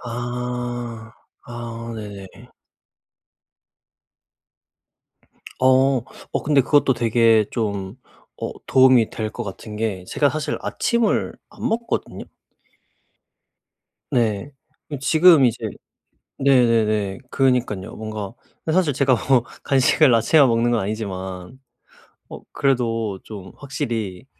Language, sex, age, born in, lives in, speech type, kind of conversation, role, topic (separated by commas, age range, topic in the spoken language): Korean, male, 25-29, South Korea, South Korea, conversation, advice, user, 건강한 행동 변화를 위해 지금 누구에게, 어떻게 도움을 요청하면 좋을까요?